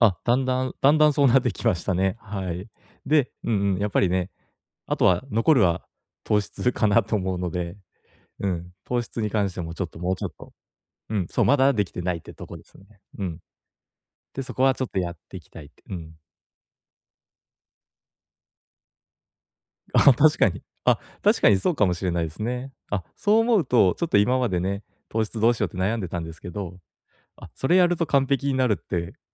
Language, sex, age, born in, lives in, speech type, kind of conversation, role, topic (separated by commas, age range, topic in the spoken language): Japanese, male, 30-34, Japan, Japan, conversation, advice, user, 食べたもので気分が変わるのはなぜですか？